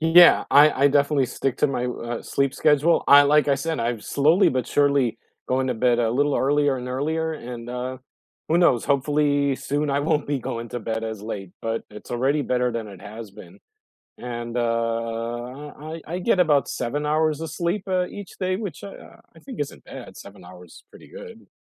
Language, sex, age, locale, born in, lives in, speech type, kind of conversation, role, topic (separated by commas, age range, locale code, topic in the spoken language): English, male, 45-49, en-US, United States, United States, conversation, unstructured, none, What sleep habits help you wake up refreshed, and how did you discover them?